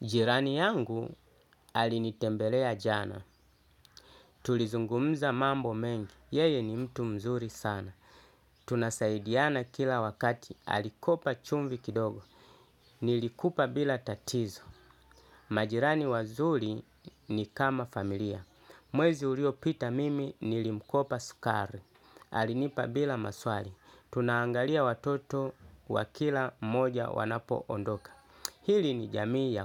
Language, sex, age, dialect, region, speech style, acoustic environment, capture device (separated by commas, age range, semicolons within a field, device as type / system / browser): Swahili, male, 35-44, Kenya - Mombasa, Kenya, relaxed, people talking, desktop / Linux / Chrome